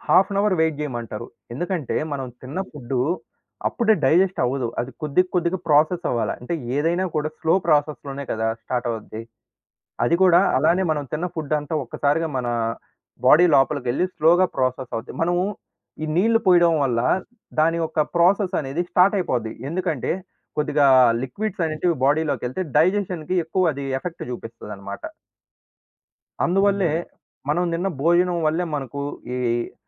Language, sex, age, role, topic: Telugu, male, 20-24, guest, భోజనం చేసే ముందు పాటించే సంప్రదాయాలు ఏవేవి?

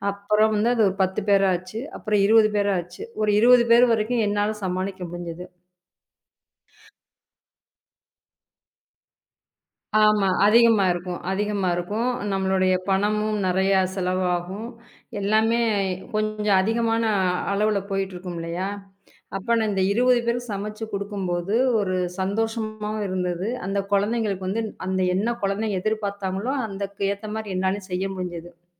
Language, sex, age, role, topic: Tamil, female, 35-39, guest, பணம் இழக்கும் அபாயம் இருந்தாலும் உங்கள் கனவை நீங்கள் தொடர்வீர்களா?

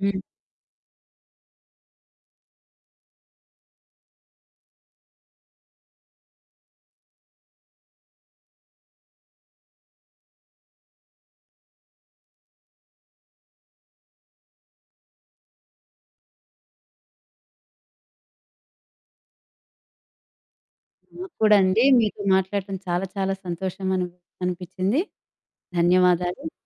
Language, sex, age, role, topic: Telugu, female, 40-44, guest, మాతృభాషను కోల్పోకుండా కాపాడుకోవడం ఎందుకు ముఖ్యమని మీరు భావిస్తారు?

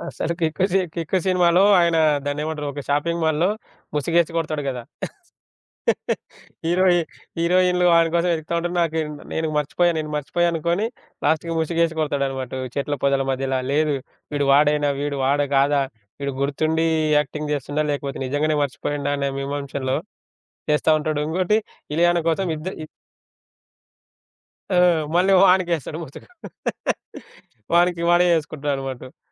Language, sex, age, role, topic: Telugu, male, 25-29, guest, రోజువారీ జీవితపు ఒత్తిడిని మర్చిపోవడానికి మీరు ఏ సినిమా చూస్తారు?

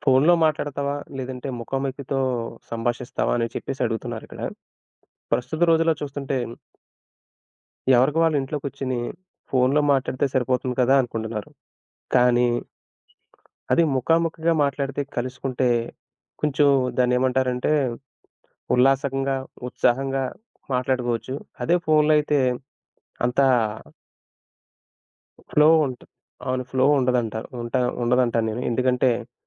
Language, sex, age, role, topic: Telugu, male, 30-34, guest, ఫోన్ కాల్స్‌కు బదులుగా ముఖాముఖి సంభాషణలకు మీరు ఎలా ప్రాధాన్యం ఇస్తారు?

